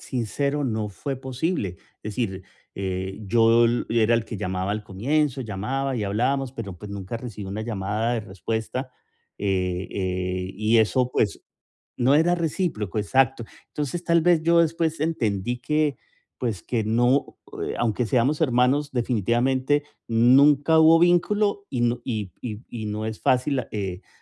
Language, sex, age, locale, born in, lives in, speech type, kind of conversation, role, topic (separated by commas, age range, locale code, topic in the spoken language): Spanish, male, 45-49, es-CO, Colombia, United States, conversation, advice, user, ¿Cómo puedo mejorar la comunicación con mis hermanos adultos?